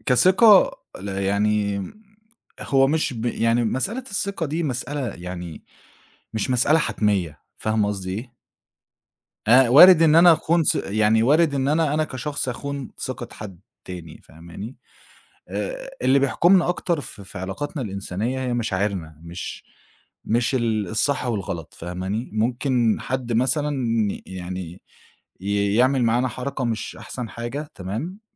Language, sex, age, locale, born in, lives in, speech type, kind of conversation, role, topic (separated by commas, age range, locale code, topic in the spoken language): Arabic, male, 25-29, ar-EG, Egypt, Egypt, conversation, podcast, guest, إزاي تبني علاقة ثقة مع حد جديد؟